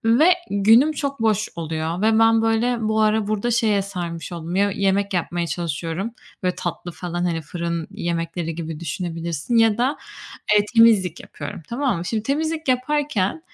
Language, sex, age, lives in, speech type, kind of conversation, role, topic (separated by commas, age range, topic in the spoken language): Turkish, female, 25-29, Spain, conversation, advice, user, Yapıcı eleştiri aldığımda neden aşırı inciniyorum ve bunu nasıl yönetebilirim?